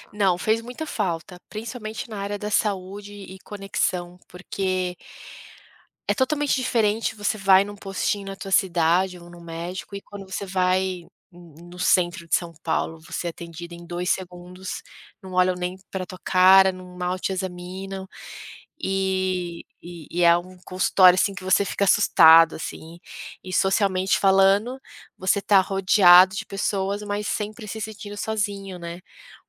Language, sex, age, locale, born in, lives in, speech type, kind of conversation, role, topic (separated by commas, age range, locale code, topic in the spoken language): Portuguese, female, 35-39, pt-BR, Brazil, United States, conversation, podcast, guest, Como sua cidade natal influenciou quem você é hoje?